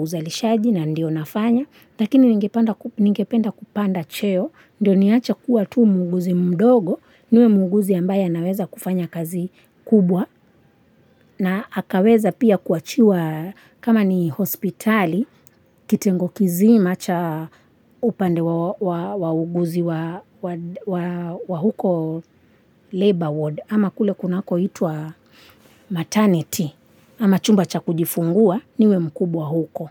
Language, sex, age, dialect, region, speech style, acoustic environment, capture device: Swahili, female, 25-34, Kenya - Mombasa, Kenya, relaxed, nature, mobile / Linux / Chrome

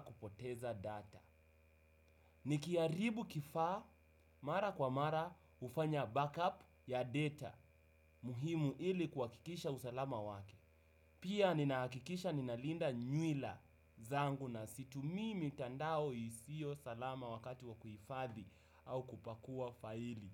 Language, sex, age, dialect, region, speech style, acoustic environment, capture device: Swahili, male, 18-24, Kenya - Nairobi Sheng-influenced, Kenya, happy, people talking; music; nature; silence; appliances; construction; traffic, desktop / Windows / Chrome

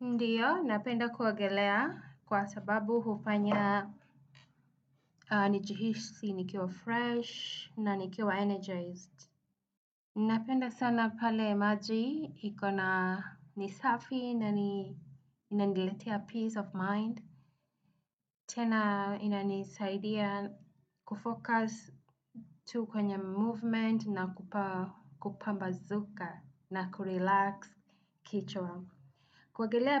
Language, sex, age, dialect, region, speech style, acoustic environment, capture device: Swahili, female, 35-44, Kenya - Nairobi Sheng-influenced, Kenya, happy; anxious; nervous; excited, people talking; traffic; music, desktop / Windows / Chrome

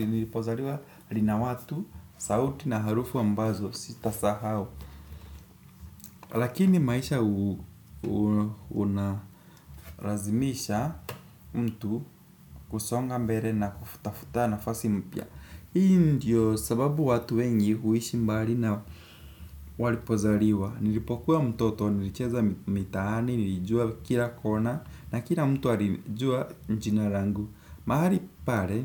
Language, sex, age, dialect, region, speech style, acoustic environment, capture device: Swahili, male, 18-24, Kenya - Nairobi Sheng-influenced, Kenya, relaxed; excited, nature; appliances; construction, desktop / Windows / Chrome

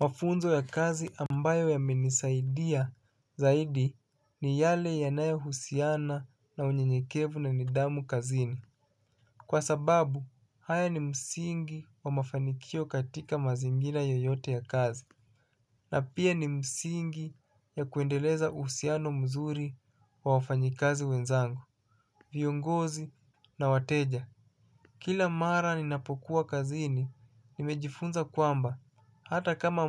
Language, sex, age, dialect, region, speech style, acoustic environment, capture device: Swahili, male, 25-34, Kenya - Mombasa, Kenya, focused; relaxed, nature, mobile / Linux / Chrome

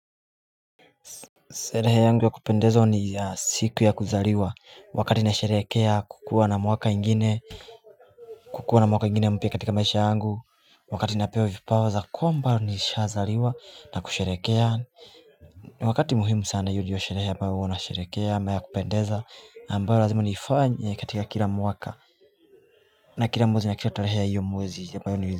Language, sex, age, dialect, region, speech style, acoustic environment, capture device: Swahili, male, 25-34, Kenya - Nairobi Sheng-influenced, Kenya, focused, appliances, mobile / Linux / Chrome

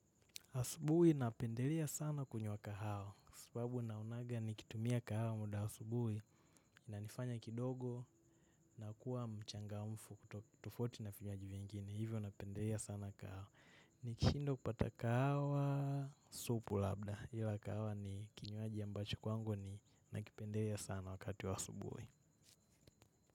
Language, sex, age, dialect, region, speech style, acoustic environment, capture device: Swahili, male, 18-24, Kenya - Nairobi Sheng-influenced, Kenya, happy, nature, mobile / macOS / Safari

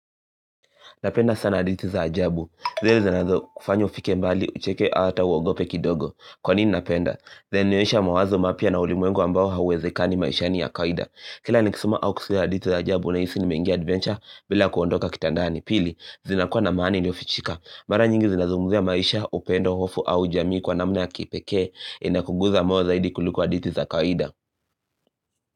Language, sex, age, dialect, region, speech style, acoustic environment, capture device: Swahili, male, 18-24, Kenya - Nairobi Sheng-influenced, Kenya, happy; focused, silence, mobile / Linux / Chrome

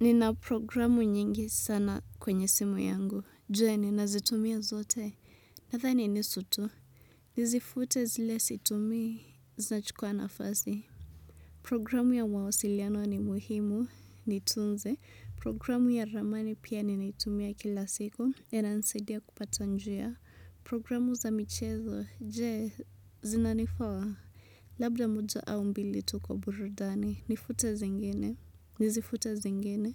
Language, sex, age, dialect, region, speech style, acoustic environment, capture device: Swahili, female, 25-34, Kenya - Nairobi Sheng-influenced, Kenya, relaxed, music, desktop / Windows / Chrome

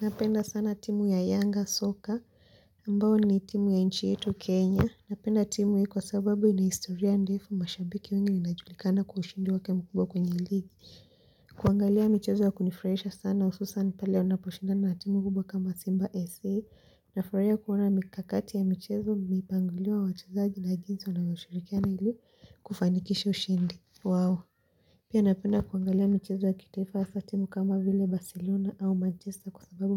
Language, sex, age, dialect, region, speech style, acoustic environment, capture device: Swahili, female, 18-24, Kenya - Mombasa, Kenya, relaxed, people talking; silence, mobile / Linux / Chrome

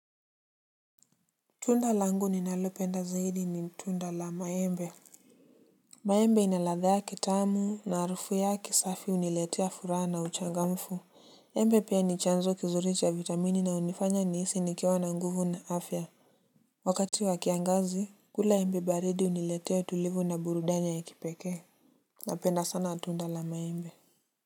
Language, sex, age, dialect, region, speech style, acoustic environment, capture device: Swahili, male, 18-24, Kenya - Nairobi Sheng-influenced, Kenya, relaxed, silence; nature, mobile / Linux / Chrome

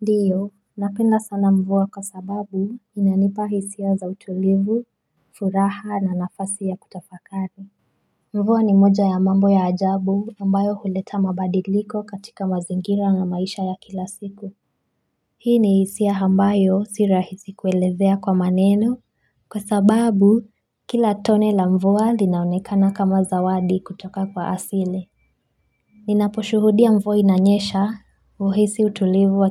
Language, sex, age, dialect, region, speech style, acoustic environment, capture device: Swahili, female, 25-34, Kenya - Mombasa, Kenya, stressed, silence, mobile / Linux / Chrome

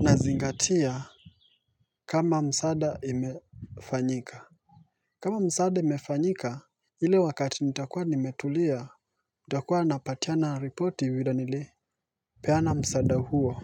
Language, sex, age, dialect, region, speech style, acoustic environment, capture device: Swahili, male, 25-34, Kenya - Mombasa, Kenya, focused, nature, mobile / Linux / Chrome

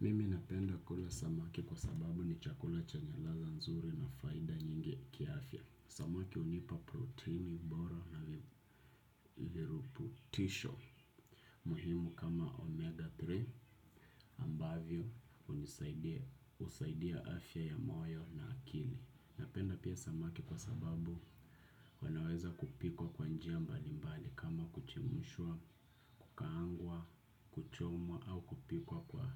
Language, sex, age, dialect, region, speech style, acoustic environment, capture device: Swahili, male, 25-34, Kenya - Nairobi Sheng-influenced, Kenya, happy, nature, desktop / Windows / Chrome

